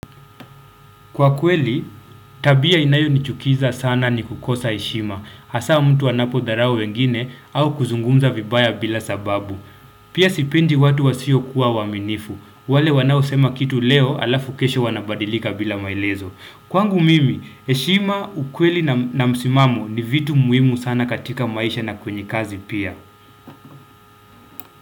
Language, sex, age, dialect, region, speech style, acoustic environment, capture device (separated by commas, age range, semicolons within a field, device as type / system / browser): Swahili, male, 25-34, Kenya - Mombasa, Kenya, happy, silence, desktop / Windows / Chrome